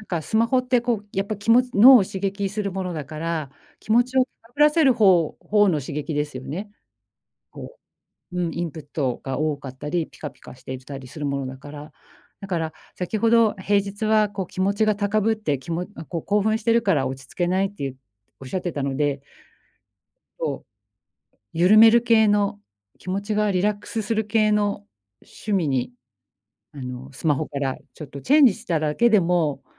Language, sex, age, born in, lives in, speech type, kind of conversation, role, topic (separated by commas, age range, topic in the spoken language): Japanese, female, 45-49, Japan, Japan, conversation, advice, advisor, 休日に長時間寝てしまって夜に眠れなくなるのをどうすれば防げますか？